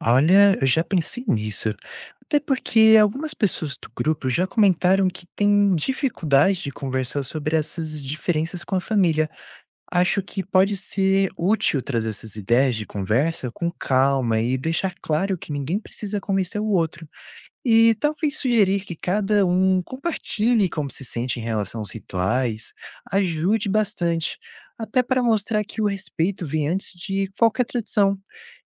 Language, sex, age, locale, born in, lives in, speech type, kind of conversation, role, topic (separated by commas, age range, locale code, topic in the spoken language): Portuguese, male, 20-24, pt-BR, Brazil, United States, read, expert-assistant, asker, Por que as famílias adaptam rituais religiosos em momentos importantes?